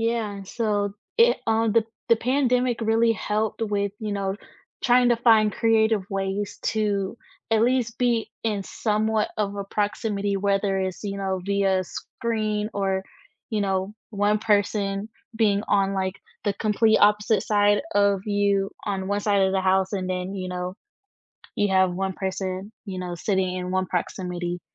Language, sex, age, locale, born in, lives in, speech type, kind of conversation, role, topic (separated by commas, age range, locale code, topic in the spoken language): English, female, 20-24, en-US, United States, United States, conversation, unstructured, none, Do you enjoy watching movies more by streaming at home or in a theater, and what makes each experience feel special to you?